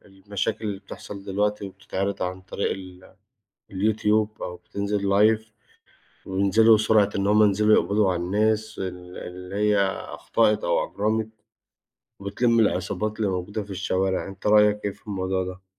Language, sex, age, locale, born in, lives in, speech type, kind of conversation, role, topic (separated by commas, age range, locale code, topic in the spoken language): Arabic, male, 35-39, ar-EG, Egypt, Egypt, conversation, unstructured, none, إيه رأيك في دور الحكومة في حياة الناس اليومية؟